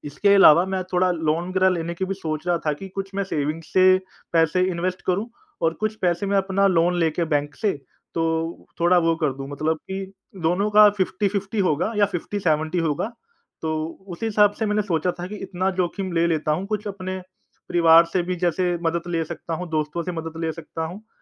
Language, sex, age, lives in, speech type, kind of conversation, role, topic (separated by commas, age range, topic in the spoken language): Hindi, male, 30-34, India, conversation, advice, user, क्या आप अपना पूरा करियर छोड़कर अपना व्यवसाय शुरू करने पर विचार कर रहे हैं?